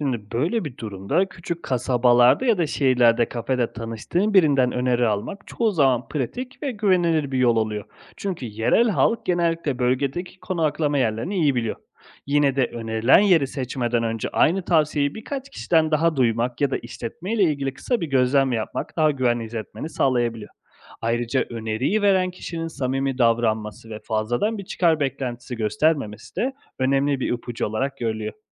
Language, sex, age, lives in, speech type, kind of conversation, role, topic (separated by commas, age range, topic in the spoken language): Turkish, male, 30-34, Italy, read, expert-assistant, expert, Seyahat planlamasını daha esnek ve sürprizlere açık kılmak için hangi alışılmadık yöntemleri kullanabiliriz?